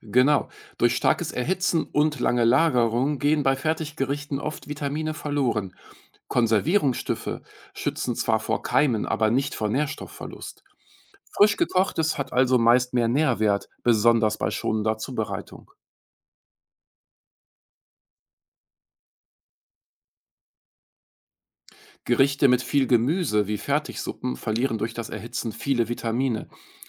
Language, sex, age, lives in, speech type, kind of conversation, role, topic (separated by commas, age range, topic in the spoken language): German, male, 45-49, Germany, read, expert-assistant, expert, Wie sicher sind Fertiggerichte im Vergleich zu selbst gekochtem Essen – wo liegen die Risiken und was spricht für sie?